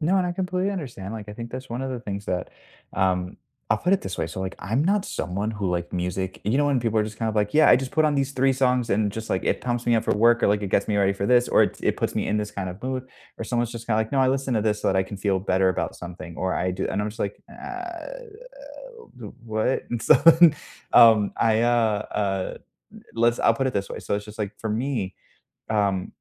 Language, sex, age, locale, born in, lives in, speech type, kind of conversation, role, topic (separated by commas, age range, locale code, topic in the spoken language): English, male, 30-34, en-US, United States, United States, conversation, unstructured, none, What is the one gadget you can’t live without this year?